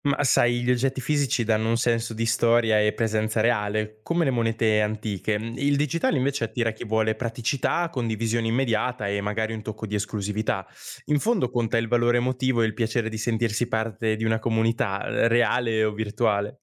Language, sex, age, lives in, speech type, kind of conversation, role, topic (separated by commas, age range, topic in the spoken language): Italian, male, 20-24, Italy, read, expert-assistant, expert, È più interessante collezionare oggetti fisici come monete o preferire collezioni digitali? Quali sono i vantaggi di ciascuna scelta?